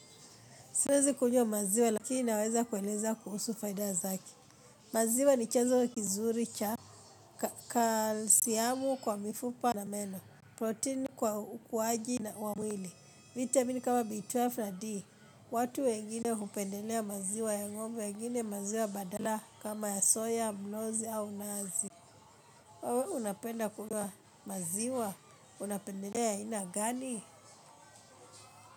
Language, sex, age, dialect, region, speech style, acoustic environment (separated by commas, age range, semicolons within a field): Swahili, female, 25-34, Kenya - Nairobi Sheng-influenced, Kenya, happy; relaxed; excited; focused, people talking